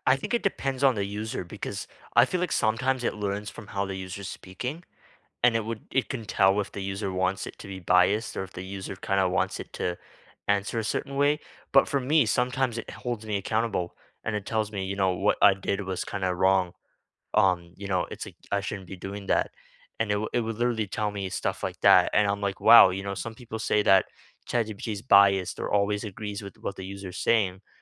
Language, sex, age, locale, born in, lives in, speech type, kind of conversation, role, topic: English, male, 18-19, en-CA, Canada, Canada, conversation, unstructured, none, What everyday tools or small hacks actually save you time and make life easier?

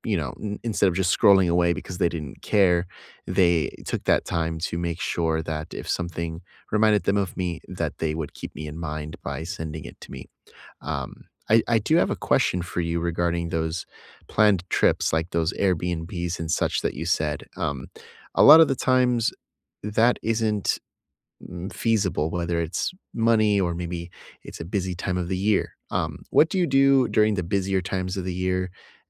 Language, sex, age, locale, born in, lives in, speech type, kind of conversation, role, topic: English, male, 30-34, en-US, United States, United States, conversation, unstructured, none, Which small rituals help you feel close across distance, and how do they nurture connection?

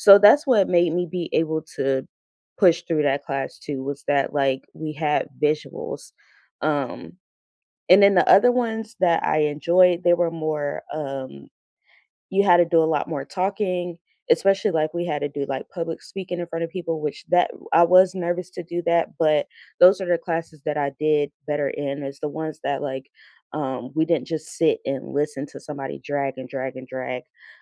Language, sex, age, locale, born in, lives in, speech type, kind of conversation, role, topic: English, female, 30-34, en-US, United States, United States, conversation, unstructured, none, What learning style helps you most—visuals, hands-on practice, or listening—and how has it shaped you?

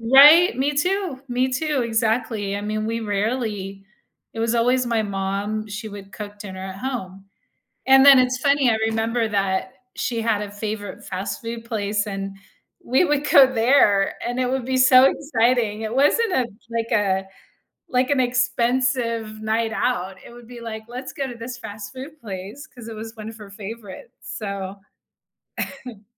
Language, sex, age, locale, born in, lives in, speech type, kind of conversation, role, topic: English, female, 45-49, en-US, United States, United States, conversation, unstructured, none, Do you prefer cooking at home or dining out, and why?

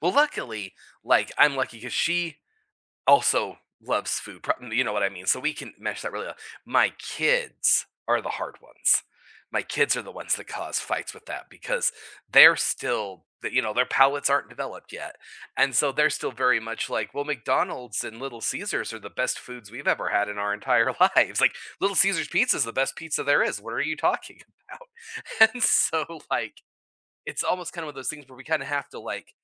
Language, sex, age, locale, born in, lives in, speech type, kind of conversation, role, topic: English, male, 40-44, en-US, United States, United States, conversation, unstructured, none, When traveling with people who prefer different paces, how do you keep everyone happy?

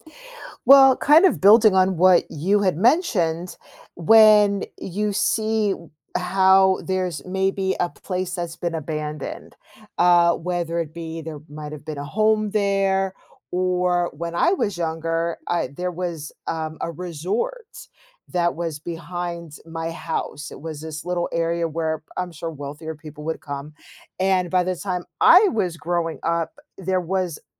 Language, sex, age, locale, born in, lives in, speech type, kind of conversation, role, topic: English, female, 45-49, en-US, United States, United States, conversation, podcast, host, How does protecting wildlife benefit both nature and people?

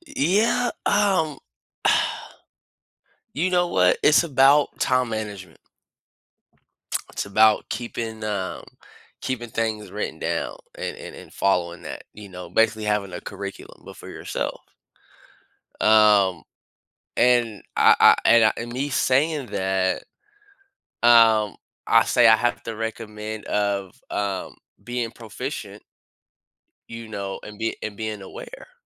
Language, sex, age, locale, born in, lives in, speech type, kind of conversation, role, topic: English, male, 30-34, en-US, United States, United States, conversation, podcast, guest, What are some effective ways to handle stress when life gets hectic?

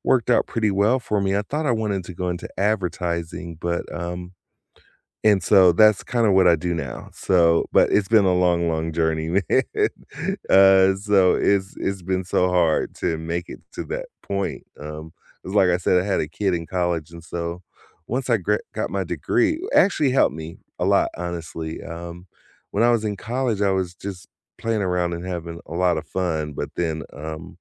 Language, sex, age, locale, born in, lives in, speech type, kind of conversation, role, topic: English, male, 50-54, en-US, United States, United States, conversation, unstructured, none, What advice would you give to someone who is starting their career?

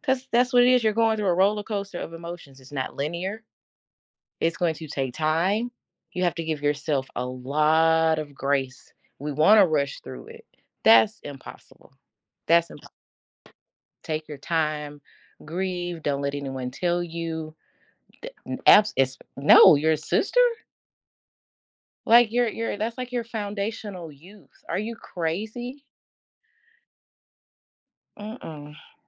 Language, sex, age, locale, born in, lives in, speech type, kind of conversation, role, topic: English, female, 40-44, en-US, United States, United States, conversation, unstructured, none, What’s one thing people say about death that bothers you?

